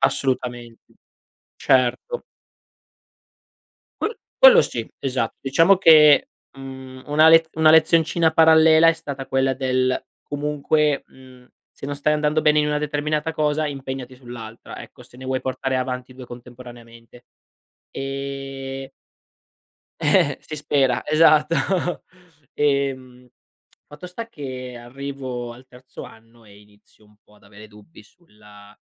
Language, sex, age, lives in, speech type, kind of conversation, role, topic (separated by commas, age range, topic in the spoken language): Italian, male, 25-29, Italy, conversation, podcast, guest, Che cosa hai imparato da un grande errore nella tua vita?